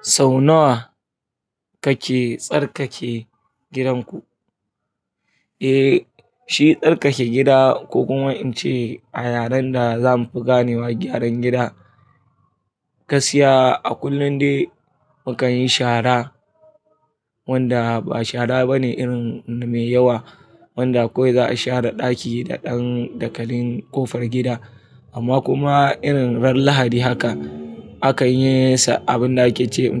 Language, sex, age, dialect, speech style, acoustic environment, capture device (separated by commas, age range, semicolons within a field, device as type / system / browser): Hausa, male, 25-34, Nigeria - Kano, nervous, people talking; traffic; appliances, mobile / Linux / Chrome